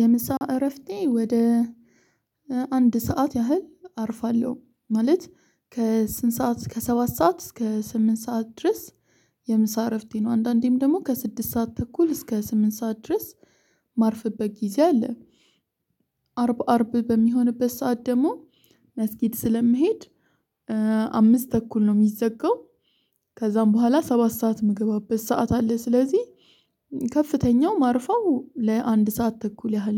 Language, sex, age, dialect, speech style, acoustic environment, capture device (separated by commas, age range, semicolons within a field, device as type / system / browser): Amharic, female, 25-34, Ethiopia - Addis Ababa, excited; focused, silence, mobile / Linux / Chrome